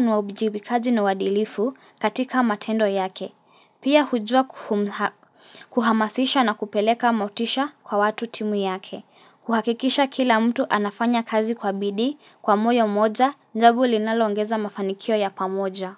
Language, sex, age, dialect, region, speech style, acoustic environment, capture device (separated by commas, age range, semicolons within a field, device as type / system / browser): Swahili, female, 18-24, Kenya - Mombasa, Kenya, relaxed; focused, silence, mobile / Linux / Chrome